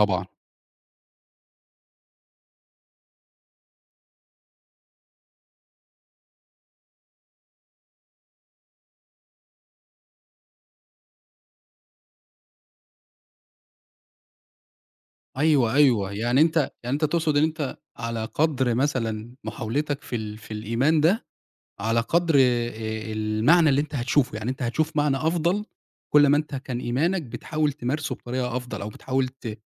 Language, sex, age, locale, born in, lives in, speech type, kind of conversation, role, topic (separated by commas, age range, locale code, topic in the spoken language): Arabic, male, 25-29, ar-EG, Egypt, Egypt, conversation, podcast, host, إيه اللي مدي حياتك معنى دلوقتي؟